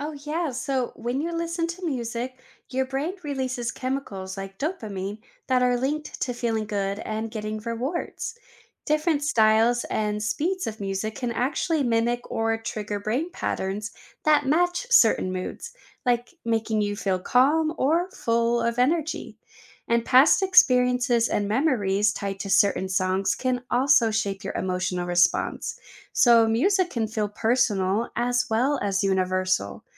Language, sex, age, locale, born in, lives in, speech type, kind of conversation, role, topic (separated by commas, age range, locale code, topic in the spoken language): English, female, 30-34, en-US, United States, United States, read, expert-assistant, expert, How does music affect emotions and mood?